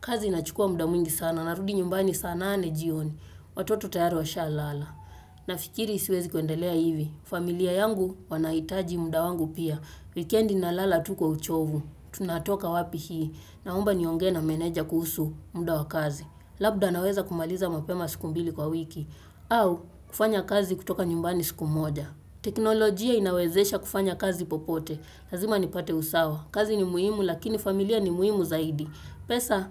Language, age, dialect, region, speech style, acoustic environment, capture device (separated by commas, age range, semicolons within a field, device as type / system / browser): Swahili, 25-34, Kenya - Nairobi Sheng-influenced, Kenya, focused; relaxed, nature; appliances, desktop / Windows / Chrome